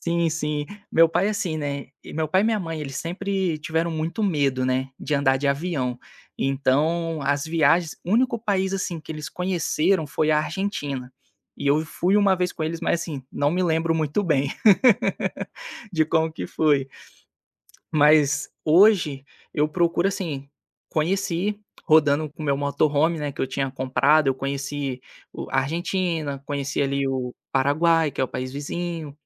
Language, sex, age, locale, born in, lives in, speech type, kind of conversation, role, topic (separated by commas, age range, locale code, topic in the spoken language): Portuguese, male, 25-29, pt-BR, Brazil, Spain, conversation, podcast, guest, O que significa ser um viajante respeitoso para você?